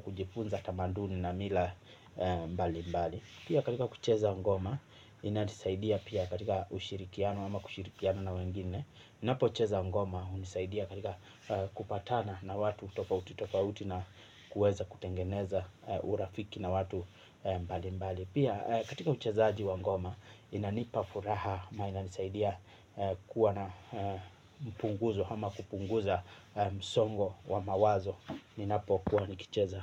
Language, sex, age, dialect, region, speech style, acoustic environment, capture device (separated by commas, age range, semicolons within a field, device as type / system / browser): Swahili, male, 25-34, Kenya - Mombasa, Kenya, happy, silence, mobile / Linux / Chrome